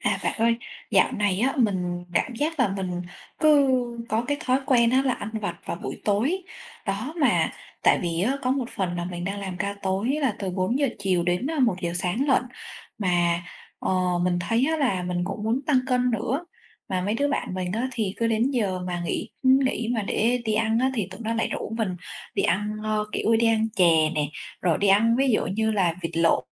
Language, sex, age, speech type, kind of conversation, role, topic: Vietnamese, female, 25-29, conversation, advice, user, Làm sao để bỏ thói quen ăn vặt vào buổi tối để không phá vỡ chế độ ăn?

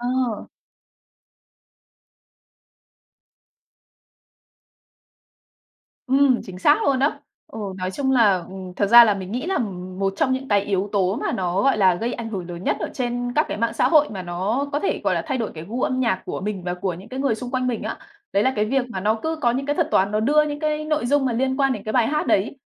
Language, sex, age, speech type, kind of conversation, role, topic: Vietnamese, female, 30-34, conversation, podcast, guest, Mạng xã hội ảnh hưởng đến gu nhạc của bạn như thế nào?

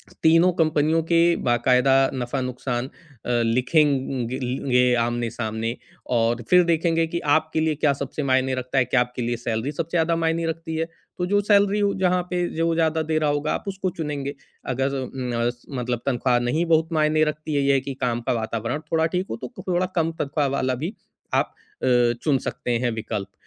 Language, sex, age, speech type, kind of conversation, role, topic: Hindi, male, 40-44, conversation, advice, advisor, मैं अलग-अलग विकल्पों के परिणामों की कल्पना करके उनकी तुलना कैसे करूँ?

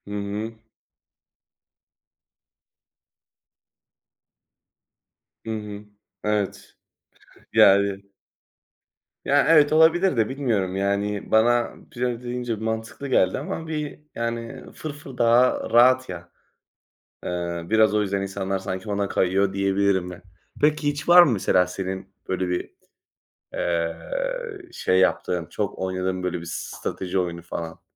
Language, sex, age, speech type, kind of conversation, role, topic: Turkish, male, 20-24, conversation, unstructured, none, Hangisi daha eğlenceli: Masa oyunları mı yoksa video oyunları mı?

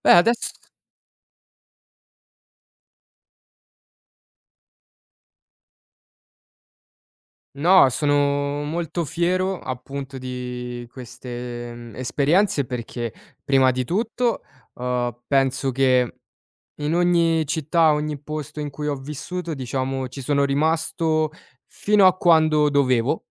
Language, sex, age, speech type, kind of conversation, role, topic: Italian, male, 20-24, conversation, podcast, guest, Come si fanno nuove conoscenze dopo un trasloco o un cambiamento?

